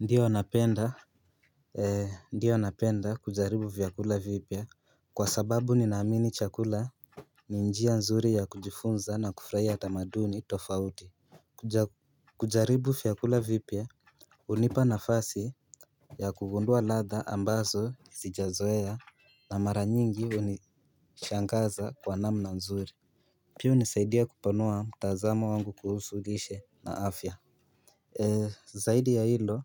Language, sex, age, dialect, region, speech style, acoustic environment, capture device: Swahili, male, 18-24, Kenya - Nairobi Sheng-influenced, Kenya, relaxed, music, mobile / Linux / Chrome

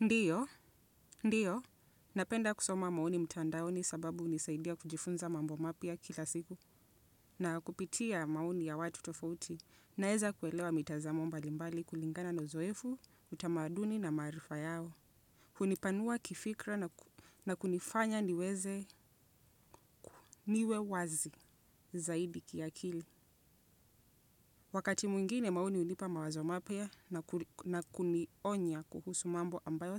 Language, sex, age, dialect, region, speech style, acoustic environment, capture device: Swahili, female, 25-34, Kenya - Nairobi Sheng-influenced, Kenya, nervous, silence, desktop / Windows / Chrome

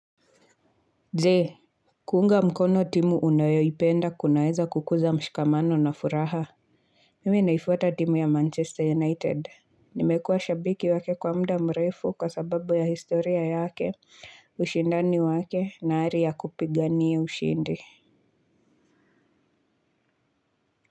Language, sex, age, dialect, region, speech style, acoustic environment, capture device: Swahili, female, 25-34, Kenya - Nairobi Sheng-influenced, Kenya, relaxed, silence, mobile / Linux / Chrome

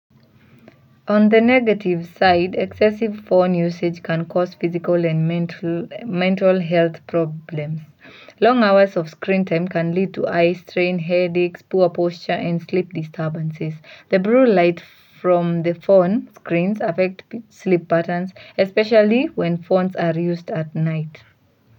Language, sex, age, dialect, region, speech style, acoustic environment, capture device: Swahili, female, 18-24, Kenya - Mombasa, Kenya, relaxed; focused, silence, mobile / Linux / Chrome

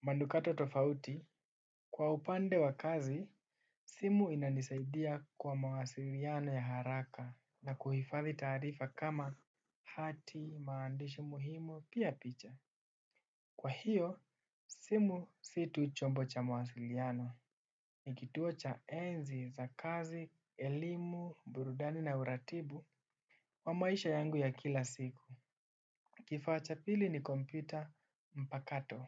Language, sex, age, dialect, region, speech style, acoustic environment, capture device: Swahili, male, 18-24, Kenya - Nairobi Sheng-influenced, Kenya, relaxed, silence, desktop / Windows / Chrome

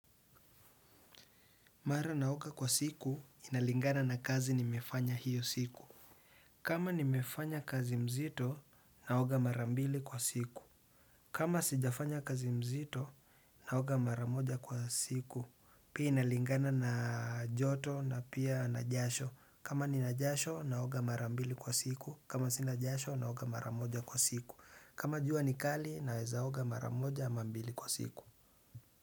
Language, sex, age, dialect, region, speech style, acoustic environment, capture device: Swahili, male, 25-34, Kenya - Nairobi Sheng-influenced, Kenya, relaxed, silence, desktop / Windows / Chrome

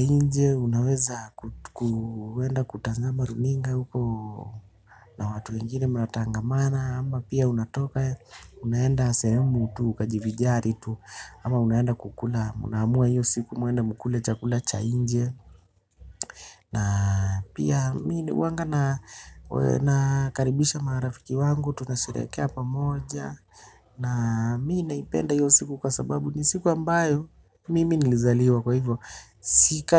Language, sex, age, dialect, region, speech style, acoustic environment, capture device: Swahili, male, 25-34, Kenya - Nairobi Sheng-influenced, Kenya, relaxed; happy; excited, silence, desktop / Linux / Chrome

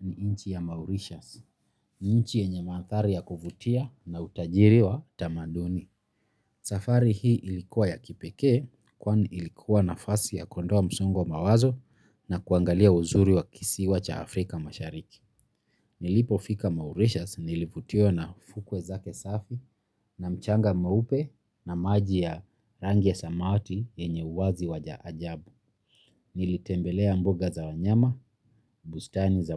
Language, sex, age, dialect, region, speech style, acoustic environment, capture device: Swahili, male, 25-34, Kenya - Nairobi Sheng-influenced, Kenya, relaxed; happy, nature; silence, mobile / Linux / Chrome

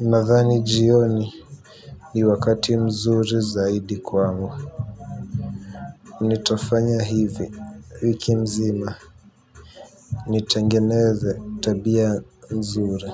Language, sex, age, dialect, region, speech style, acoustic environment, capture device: Swahili, male, 18-24, Kenya - Nairobi Sheng-influenced, Kenya, relaxed; happy, silence, mobile / Linux / Chrome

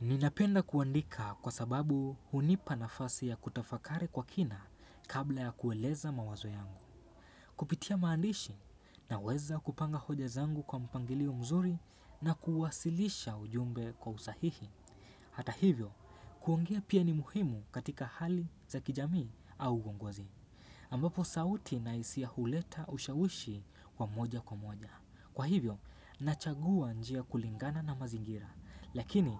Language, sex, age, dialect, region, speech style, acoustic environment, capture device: Swahili, male, 18-24, Kenya - Mombasa, Kenya, focused, silence, desktop / Windows / Chrome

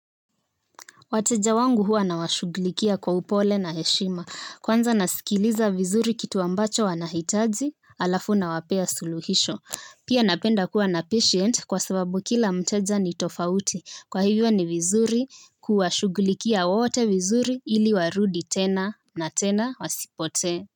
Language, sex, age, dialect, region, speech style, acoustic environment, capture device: Swahili, female, 18-24, Kenya - Nairobi Sheng-influenced, Kenya, focused, silence, mobile / Linux / Chrome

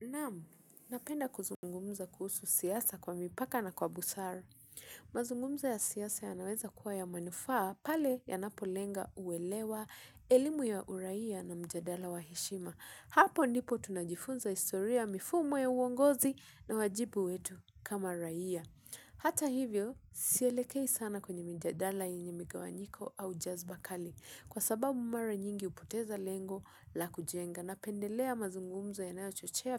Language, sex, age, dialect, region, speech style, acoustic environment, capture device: Swahili, female, 25-34, Kenya - Mombasa, Kenya, relaxed, silence, mobile / Linux / Chrome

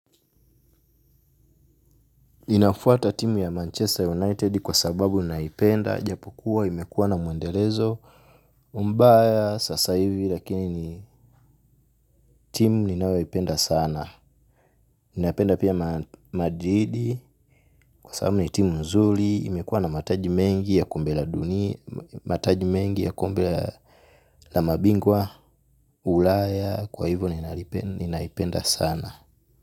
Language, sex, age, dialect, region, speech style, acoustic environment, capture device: Swahili, male, 25-34, Tanzania - Dar es Salaam, Tanzania, excited, silence, mobile / Linux / Chrome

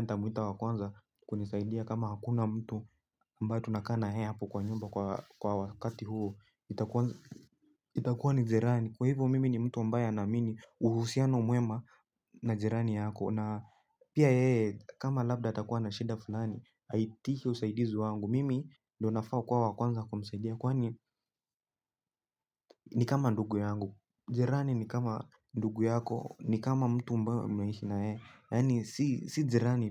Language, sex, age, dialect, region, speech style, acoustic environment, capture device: Swahili, male, 18-24, Kenya - Nairobi Sheng-influenced, Kenya, tired; relaxed, people talking; music, mobile / Linux / Chrome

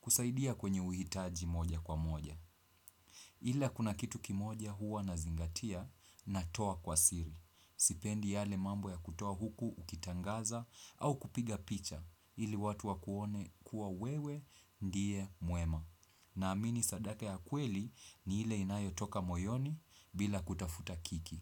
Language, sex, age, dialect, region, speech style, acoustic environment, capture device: Swahili, male, 25-34, Kenya - Mombasa, Kenya, relaxed, silence, desktop / Windows / Chrome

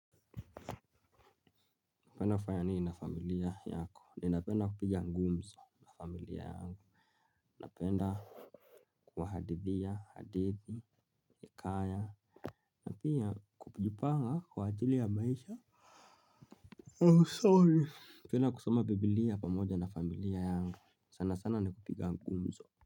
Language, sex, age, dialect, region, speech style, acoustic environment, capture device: Swahili, male, 25-34, Kenya - Nairobi Sheng-influenced, Kenya, relaxed, silence; nature, mobile / Linux / Chrome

